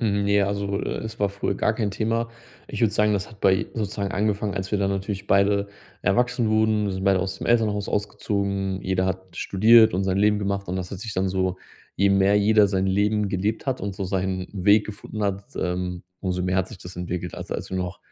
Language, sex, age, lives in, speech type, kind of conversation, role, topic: German, male, 30-34, Germany, conversation, advice, user, Wie kann ich in meiner Familie mit unterschiedlichen Überzeugungen umgehen?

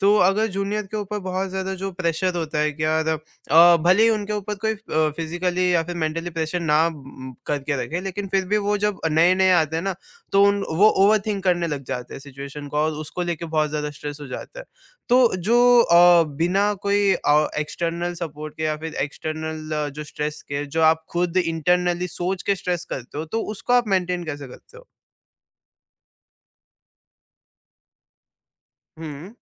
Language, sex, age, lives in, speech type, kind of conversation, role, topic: Hindi, male, 18-19, India, conversation, podcast, host, Office stress manage karne ke simple tareeke kya hain?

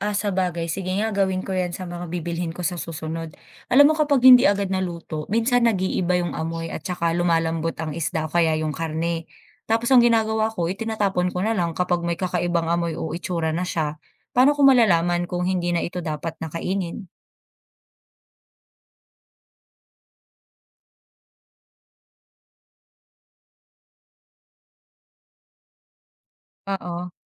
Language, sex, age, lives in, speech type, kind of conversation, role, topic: Filipino, female, 25-29, Philippines, read, expert-assistant, asker, Saan ba magandang maghanap ng mapagkakatiwalaang impormasyon tungkol sa kaligtasan ng pagkain sa Pilipinas?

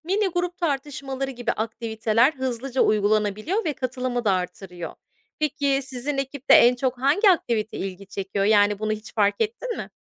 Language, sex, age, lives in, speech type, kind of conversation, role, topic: Turkish, female, 35-39, United States, read, expert-assistant, expert, Hemşireler, yoğun tempoda yeni bilgileri en iyi nasıl öğrenip akıllarında tutabilirler?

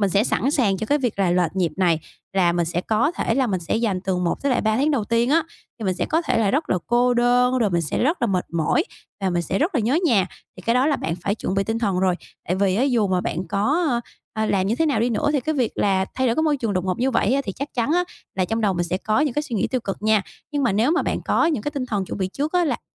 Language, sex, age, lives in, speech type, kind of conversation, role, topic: Vietnamese, female, 25-29, Vietnam, conversation, advice, advisor, Làm sao để giữ cân bằng cuộc sống khi tôi đang chuyển mình sang hướng đi mới?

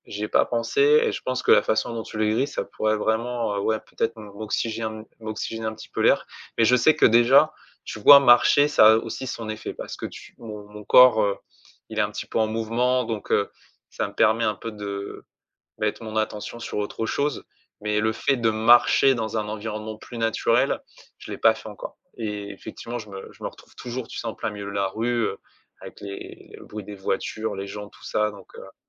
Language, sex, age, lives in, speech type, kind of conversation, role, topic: French, male, 35-39, France, conversation, advice, user, Comment surmonter une rupture récente difficile ?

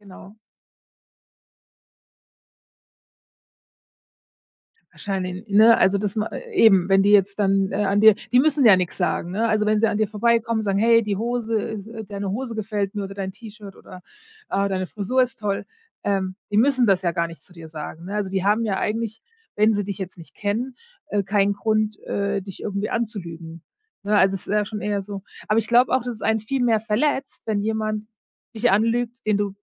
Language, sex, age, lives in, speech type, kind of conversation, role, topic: German, female, 40-44, United States, conversation, unstructured, none, Ist es in Ordnung, zu seinem eigenen Vorteil zu lügen?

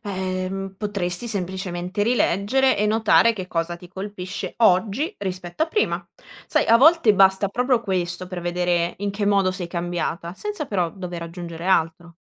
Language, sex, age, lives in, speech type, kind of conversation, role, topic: Italian, female, 25-29, Italy, read, expert-assistant, expert, Come posso tenere in ordine le tante opinioni diverse che trovo sulla stessa opera d’arte?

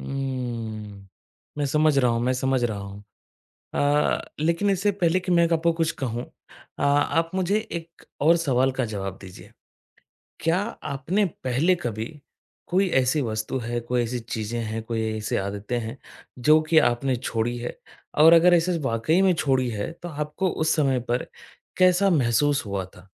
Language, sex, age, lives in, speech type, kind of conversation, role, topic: Hindi, male, 25-29, India, conversation, advice, advisor, मैं अपनी मौजूदा चीज़ों से संतुष्ट कैसे रहूँ?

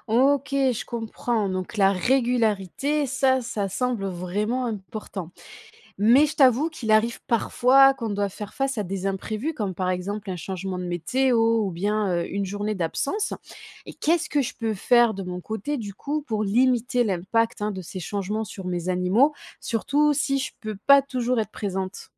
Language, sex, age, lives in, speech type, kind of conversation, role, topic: French, female, 25-29, France, read, expert-assistant, asker, Comment assurer le bien-être quotidien et la sécurité de ses chèvres et poules ?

